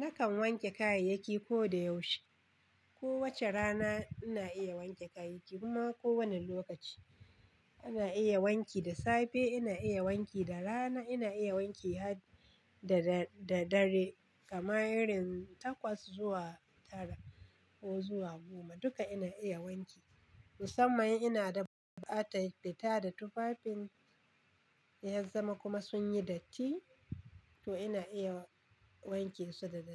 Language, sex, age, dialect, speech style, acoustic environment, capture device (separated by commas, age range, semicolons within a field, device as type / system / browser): Hausa, female, 35-44, Nigeria - Kano, nervous, silence, desktop / Linux / Chrome